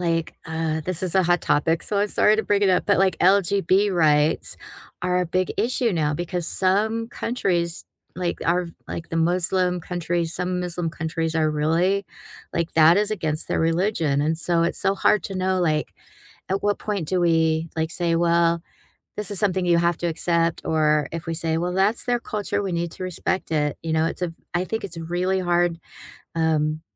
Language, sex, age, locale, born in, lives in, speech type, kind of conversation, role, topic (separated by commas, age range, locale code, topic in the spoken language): English, female, 55-59, en-US, United States, United States, conversation, unstructured, none, What is something you learned from another culture that changed your perspective?